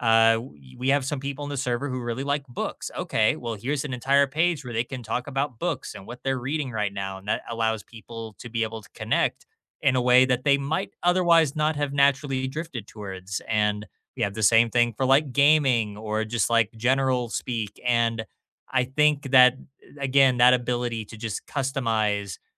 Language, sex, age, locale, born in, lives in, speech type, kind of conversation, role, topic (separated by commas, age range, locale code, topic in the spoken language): English, male, 25-29, en-US, United States, United States, conversation, unstructured, none, What technology do you think makes teamwork easier?